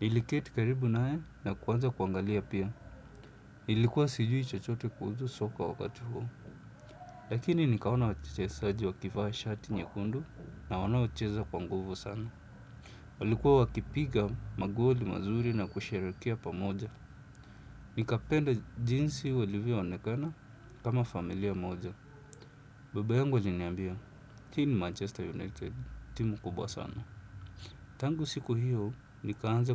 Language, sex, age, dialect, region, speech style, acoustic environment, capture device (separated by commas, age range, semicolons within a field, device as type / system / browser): Swahili, male, 25-34, Kenya - Nairobi Sheng-influenced, Kenya, relaxed, silence, desktop / Windows / Chrome